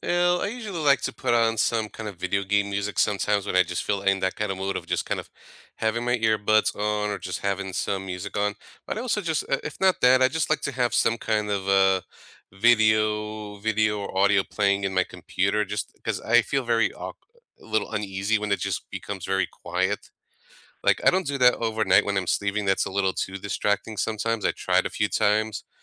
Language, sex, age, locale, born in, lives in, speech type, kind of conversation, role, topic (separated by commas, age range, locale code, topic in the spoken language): English, male, 35-39, en-US, United States, United States, conversation, unstructured, none, How do you unwind after a busy day, and what routines help you feel restored and connected?